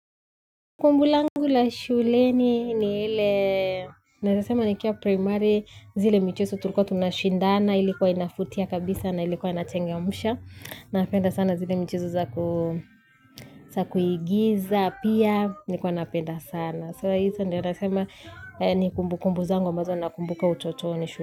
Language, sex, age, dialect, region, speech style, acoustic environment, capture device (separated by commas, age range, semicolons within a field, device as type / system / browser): Swahili, female, 25-34, Kenya - Nairobi Sheng-influenced, Kenya, focused, nature, mobile / Linux / Chrome